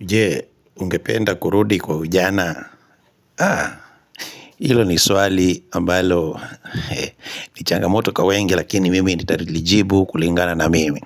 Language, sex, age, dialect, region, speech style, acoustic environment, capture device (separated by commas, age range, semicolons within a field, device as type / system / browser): Swahili, male, 25-34, Kenya - Kitui, Kenya, relaxed, silence, mobile / Linux / Chrome